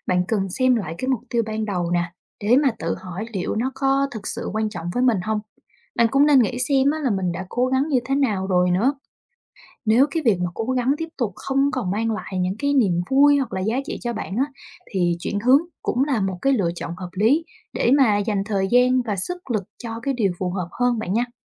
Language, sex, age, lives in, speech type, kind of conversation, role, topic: Vietnamese, female, 25-29, Vietnam, read, expert-assistant, expert, Ý nghĩa của việc học hỏi từ thất bại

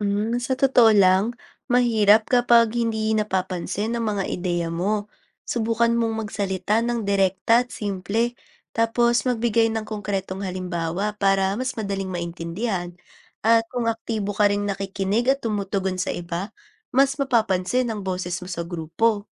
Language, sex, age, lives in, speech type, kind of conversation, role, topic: Filipino, female, 20-24, Philippines, read, expert-assistant, expert, Ano ang dapat gawin kapag pakiramdam ko ay hindi ako nabibigyan ng boses o halaga sa mga desisyon ng aming grupo o opisina?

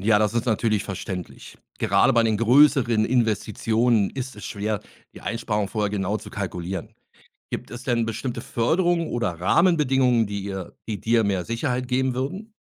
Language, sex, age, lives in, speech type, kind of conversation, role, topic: German, male, 50-54, Germany, read, expert-assistant, expert, Wie kann ich als kleiner Betrieb mit knappen Ressourcen trotzdem frische Lebensmittel zuverlässig produzieren?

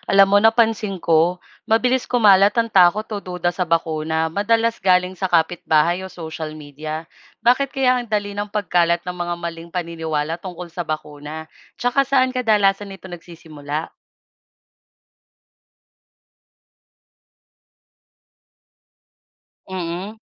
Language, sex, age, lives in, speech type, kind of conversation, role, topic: Filipino, female, 25-29, Philippines, read, expert-assistant, asker, Ano ang mga pinagmulan ng mga maling paniniwala tungkol sa bakuna, at bakit patuloy itong lumalaganap?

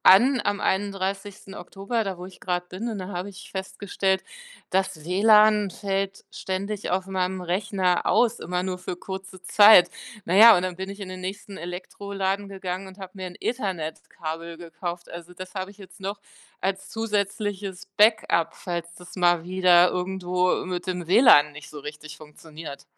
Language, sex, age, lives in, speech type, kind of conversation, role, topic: German, female, 45-49, Germany, conversation, podcast, guest, Wie hat Homeoffice deinen Tagesablauf umgekrempelt?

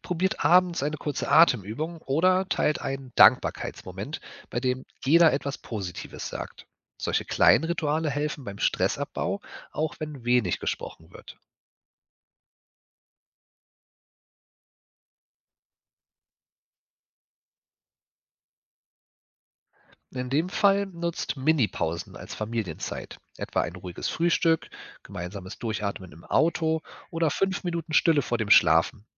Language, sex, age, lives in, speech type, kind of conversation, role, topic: German, male, 35-39, Germany, read, expert-assistant, expert, Wie könnten wir unseren Alltag so gestalten, dass er unsere seelische Gesundheit stärkt?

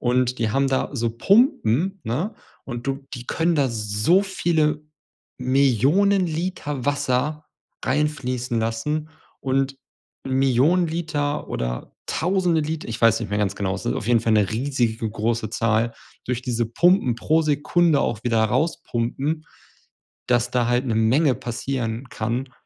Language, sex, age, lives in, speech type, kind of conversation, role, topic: German, male, 30-34, Germany, conversation, unstructured, none, Wie bedrohen Naturkatastrophen unsere Gesellschaft?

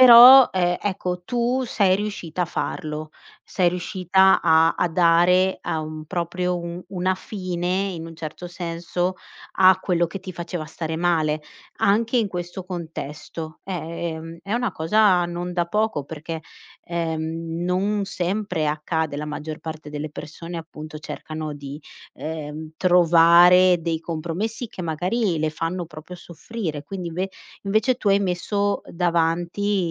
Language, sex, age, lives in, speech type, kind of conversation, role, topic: Italian, female, 35-39, Italy, conversation, podcast, host, Come ti motivi nei momenti in cui molleresti?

